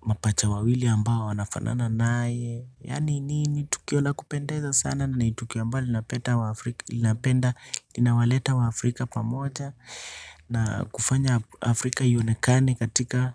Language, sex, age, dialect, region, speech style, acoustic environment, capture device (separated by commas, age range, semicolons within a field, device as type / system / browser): Swahili, male, 25-34, Kenya - Nairobi Sheng-influenced, Kenya, relaxed; excited, silence, desktop / Linux / Chrome